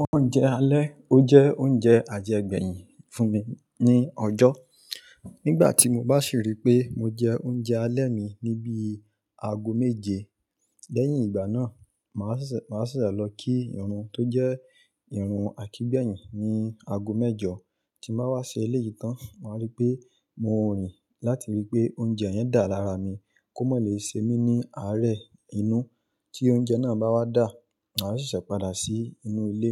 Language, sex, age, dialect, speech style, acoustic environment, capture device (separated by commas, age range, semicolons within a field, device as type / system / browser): Yoruba, male, 25-34, Nigeria - Ibadan, happy, traffic, mobile / Linux / Chrome